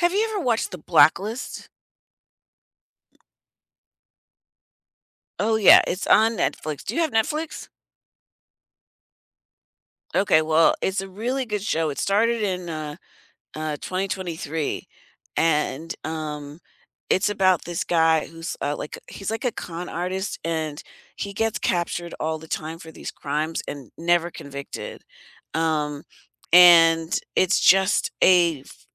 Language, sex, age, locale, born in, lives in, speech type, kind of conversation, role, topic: English, female, 60-64, en-US, United States, United States, conversation, unstructured, none, What does your ideal weekend binge-watch lineup look like, and what snacks do you reach for?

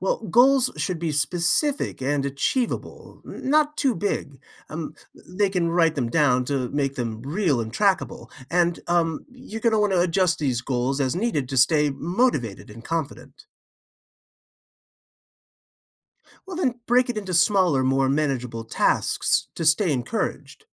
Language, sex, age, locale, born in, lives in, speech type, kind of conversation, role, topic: English, male, 55-59, en-US, United States, United States, read, expert-assistant, expert, What are some strategies for helping kids manage their time?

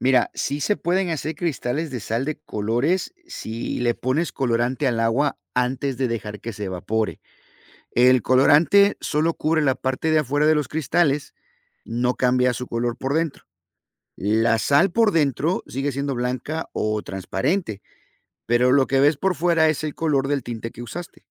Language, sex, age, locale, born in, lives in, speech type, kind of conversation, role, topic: Spanish, male, 50-54, es-MX, United States, United States, read, expert-assistant, expert, ¿Cómo se forman los cristales de sal?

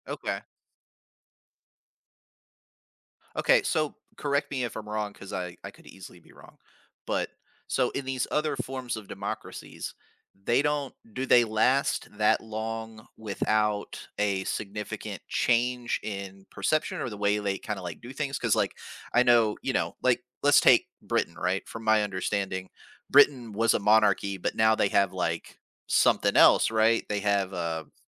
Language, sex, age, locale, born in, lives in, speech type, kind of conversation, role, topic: English, male, 40-44, en-US, United States, United States, conversation, podcast, host, Why is it important to look at the past when trying to make sense of what’s happening in the world today?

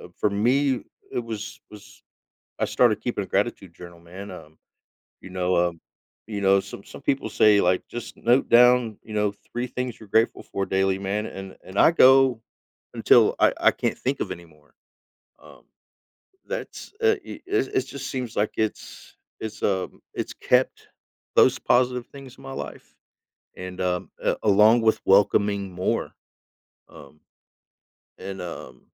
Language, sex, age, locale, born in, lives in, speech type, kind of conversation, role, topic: English, male, 40-44, en-US, United States, United States, conversation, podcast, guest, How can practicing gratitude shape our outlook and well-being?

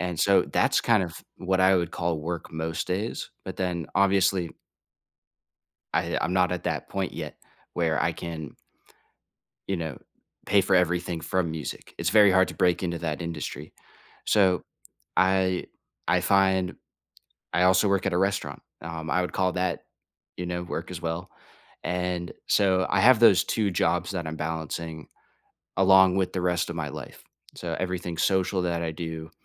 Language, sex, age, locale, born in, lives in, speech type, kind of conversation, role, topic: English, male, 20-24, en-US, United States, United States, conversation, podcast, guest, What factors make it challenging to maintain a healthy balance between work and personal life?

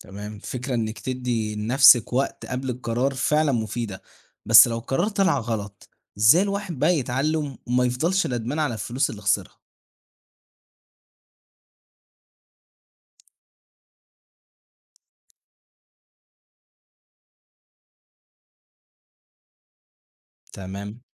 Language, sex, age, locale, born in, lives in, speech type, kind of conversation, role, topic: Arabic, male, 18-19, ar-EG, Egypt, Egypt, read, expert-assistant, asker, تخيل لو كان كل الناس بتاخد قراراتها المالية بناءً على مشاعرها بس، مش بالعقل. تفتكر الاقتصاد كان هيبقى عامل إزاي؟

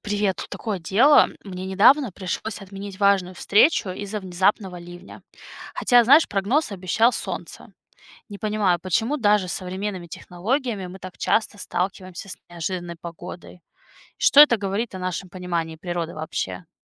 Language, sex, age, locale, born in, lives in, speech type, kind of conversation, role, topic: Russian, female, 30-34, ru-RU, Russia, United States, read, expert-assistant, asker, Почему погода иногда кажется нам такой непредсказуемой, и что это говорит о нашем понимании мира?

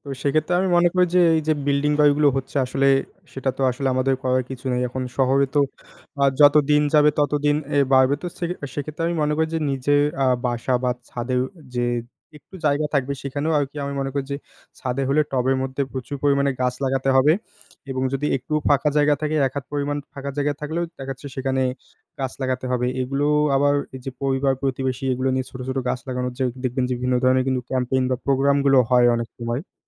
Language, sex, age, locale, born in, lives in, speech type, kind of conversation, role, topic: Bengali, male, 20-24, bn-BD, Bangladesh, Bangladesh, conversation, unstructured, none, আপনি কেন মনে করেন বৃক্ষরোপণ এত গুরুত্বপূর্ণ?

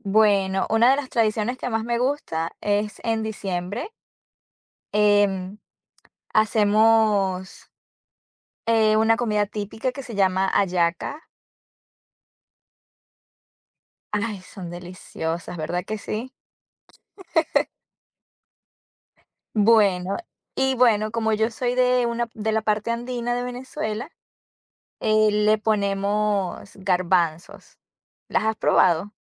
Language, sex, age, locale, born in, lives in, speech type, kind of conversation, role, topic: Spanish, female, 30-34, es-VE, Venezuela, United States, conversation, unstructured, none, ¿Cómo celebras las tradiciones familiares en tu cultura?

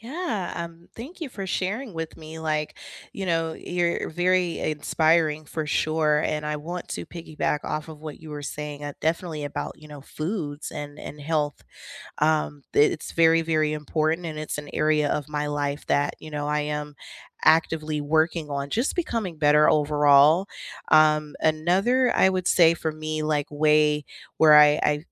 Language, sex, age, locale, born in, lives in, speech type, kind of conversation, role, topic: English, female, 30-34, en-US, United States, United States, conversation, unstructured, none, What does feeling well look like for you?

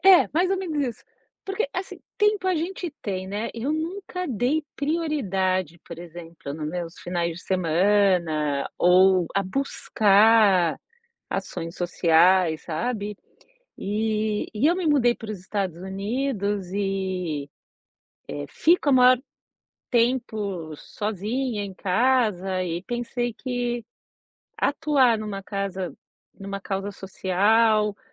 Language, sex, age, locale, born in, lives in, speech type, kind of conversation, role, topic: Portuguese, female, 45-49, pt-BR, Brazil, United States, conversation, advice, user, Como posso contribuir mais para a comunidade se não sei por onde começar?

